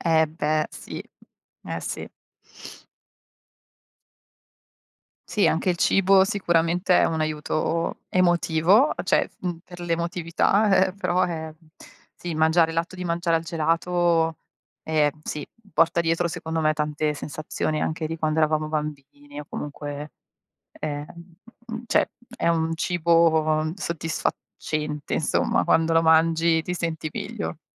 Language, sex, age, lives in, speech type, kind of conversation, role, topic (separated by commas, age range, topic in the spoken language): Italian, female, 40-44, Italy, conversation, unstructured, none, Come descriveresti una giornata ideale per il tuo benessere emotivo?